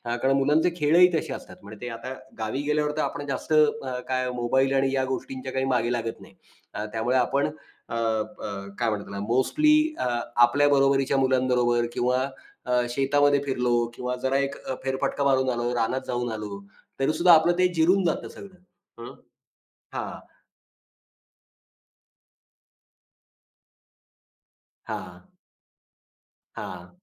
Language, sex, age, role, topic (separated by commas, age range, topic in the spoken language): Marathi, male, 40-44, host, सण-उत्सवात तुमच्या घरात नेहमी कोणते पदार्थ बनतात?